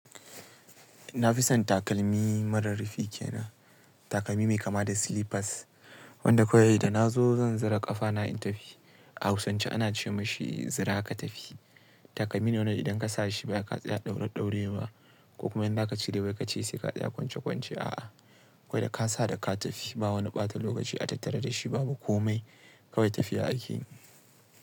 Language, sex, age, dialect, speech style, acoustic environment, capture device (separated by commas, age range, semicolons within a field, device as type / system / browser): Hausa, male, 18-24, Nigeria - Kano, relaxed, nature; appliances, mobile / Linux / Chrome